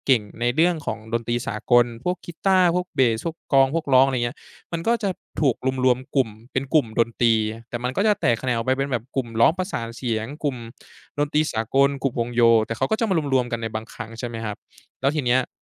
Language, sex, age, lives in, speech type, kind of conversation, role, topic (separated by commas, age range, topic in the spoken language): Thai, male, 20-24, Thailand, conversation, podcast, guest, งานอดิเรกที่คุณหลงใหลที่สุดคืออะไรครับ/คะ?